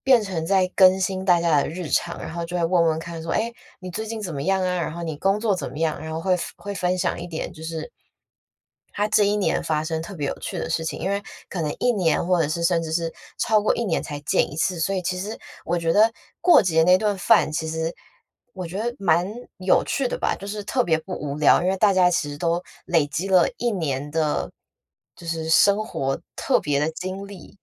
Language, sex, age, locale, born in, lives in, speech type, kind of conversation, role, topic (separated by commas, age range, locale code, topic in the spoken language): Chinese, female, 25-29, zh-TW, Taiwan, United States, conversation, podcast, guest, 你觉得和家人朋友一起过节有什么特别的意义？